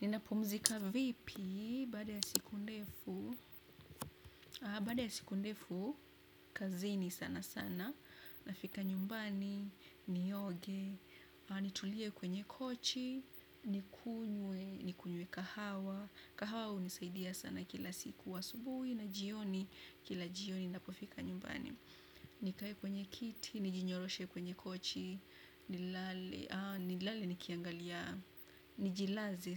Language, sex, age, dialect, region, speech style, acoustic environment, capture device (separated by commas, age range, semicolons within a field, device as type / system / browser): Swahili, female, 25-34, Kenya - Nairobi Sheng-influenced, Kenya, relaxed, appliances, desktop / Windows / Chrome